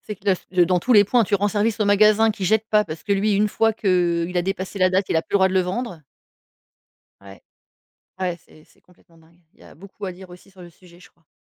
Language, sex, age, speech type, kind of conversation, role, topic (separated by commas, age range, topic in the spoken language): French, female, 40-44, conversation, podcast, host, Comment fais-tu pour réduire le gaspillage alimentaire chez toi ?